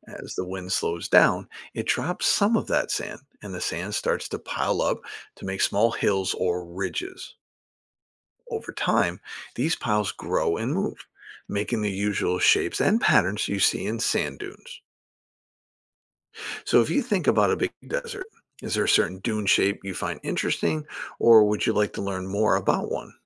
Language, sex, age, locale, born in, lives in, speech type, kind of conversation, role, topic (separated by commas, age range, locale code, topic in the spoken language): English, male, 50-54, en-US, United States, United States, read, expert-assistant, expert, Why do some deserts have sand dunes?